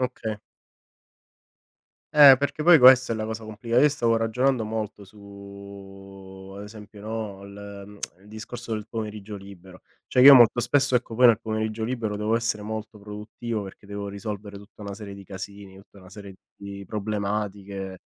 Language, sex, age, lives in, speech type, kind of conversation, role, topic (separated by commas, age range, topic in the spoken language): Italian, male, 30-34, Italy, conversation, unstructured, none, Qual è il tuo modo ideale di trascorrere un pomeriggio libero?